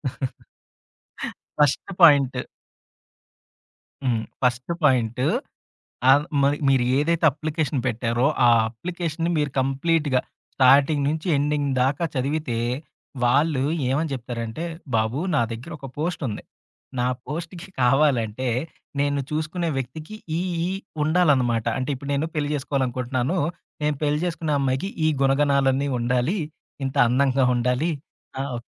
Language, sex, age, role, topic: Telugu, male, 30-34, guest, రీసోర్స్‌లను ఎంచుకునేటప్పుడు మీరు ఏ అంశాల ఆధారంగా వడపోత చేస్తారు?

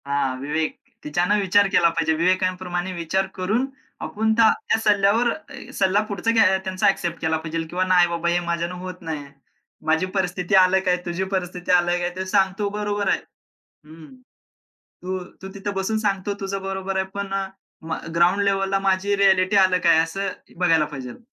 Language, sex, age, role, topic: Marathi, male, 25-29, guest, तुला एखादा खराब सल्ला मिळाल्यावर त्यातून तू काय शिकलास?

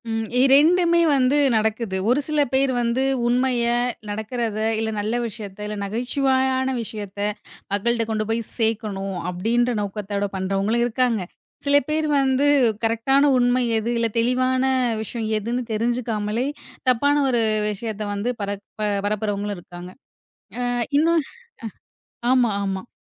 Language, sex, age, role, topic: Tamil, female, 30-34, guest, மீம்கள் நமது கலாச்சாரத்தை எவ்வாறு பாதிக்கின்றன என்று நீங்கள் விளக்க முடியுமா?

